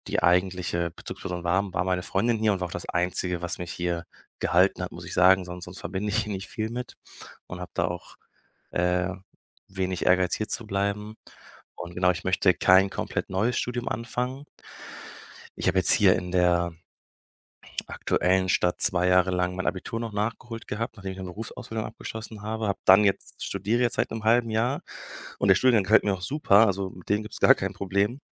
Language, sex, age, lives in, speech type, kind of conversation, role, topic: German, male, 25-29, Germany, conversation, advice, user, Wie kann ich nach einer großen Lebensveränderung wieder Orientierung und eine tägliche Struktur finden?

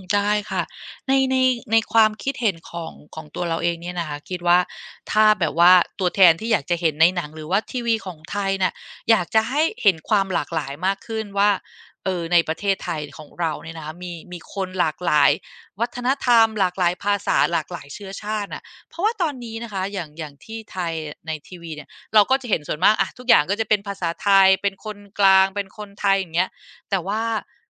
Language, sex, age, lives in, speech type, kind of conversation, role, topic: Thai, female, 45-49, Thailand, conversation, podcast, guest, คุณอยากเห็นการเป็นตัวแทนแบบไหนในหนังหรือทีวี?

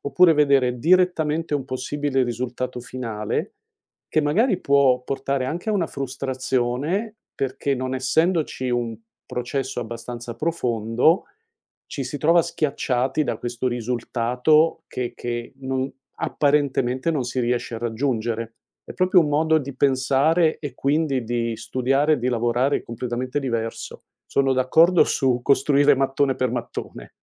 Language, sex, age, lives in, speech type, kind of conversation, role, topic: Italian, male, 60-64, United States, conversation, podcast, guest, Preferisci mostrare il processo o solo il risultato finale?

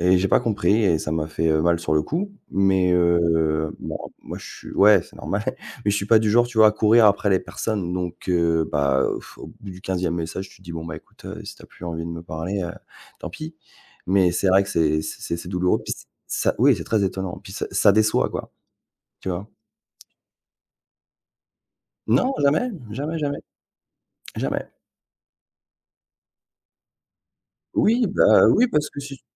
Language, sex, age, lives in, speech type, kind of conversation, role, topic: French, male, 40-44, France, conversation, unstructured, none, Quelle est l’importance de la confiance dans une relation ?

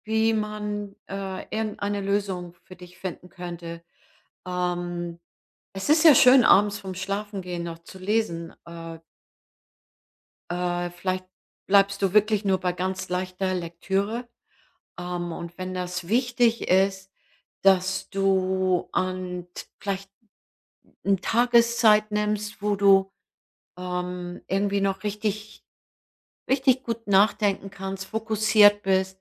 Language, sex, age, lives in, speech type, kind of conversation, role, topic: German, female, 65-69, United States, conversation, advice, advisor, Wie kann ich mich beim Lesen besser auf den Text konzentrieren?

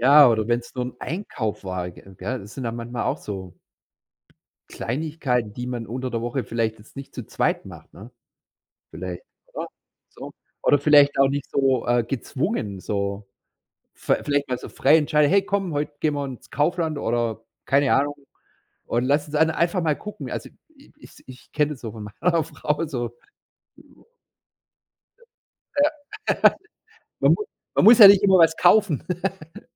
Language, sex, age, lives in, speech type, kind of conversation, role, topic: German, male, 45-49, Germany, conversation, podcast, host, Was macht für dich ein perfektes Wochenende aus?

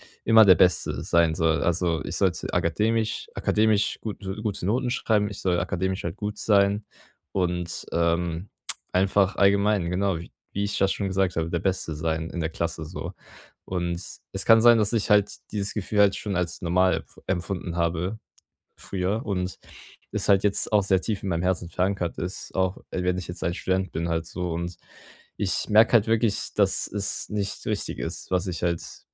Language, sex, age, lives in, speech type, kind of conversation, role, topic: German, male, 18-19, Germany, conversation, advice, user, Wie kann ich gelassener und selbstsicher mit Lob und Rückmeldungen umgehen?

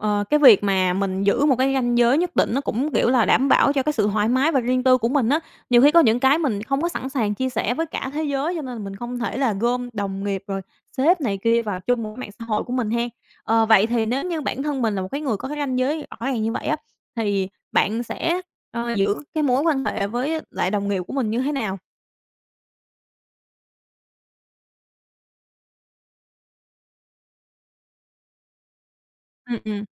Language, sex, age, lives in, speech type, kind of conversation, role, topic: Vietnamese, female, 25-29, Vietnam, conversation, podcast, host, Bạn làm thế nào để xây dựng mạng lưới quan hệ nghề nghiệp?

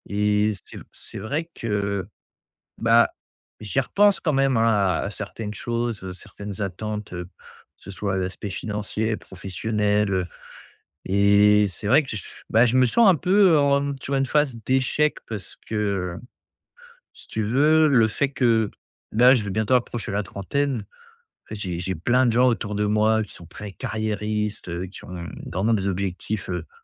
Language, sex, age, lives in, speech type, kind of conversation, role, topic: French, male, 25-29, France, conversation, advice, user, Comment lâcher prise sur des attentes non réalisées ?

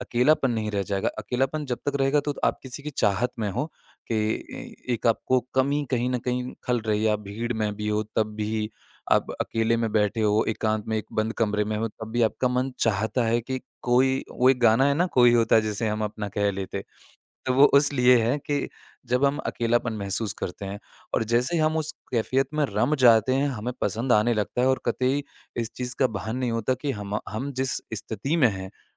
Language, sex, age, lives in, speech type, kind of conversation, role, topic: Hindi, male, 25-29, India, conversation, podcast, guest, अकेलापन आपके लिए किस तरह महसूस होता है?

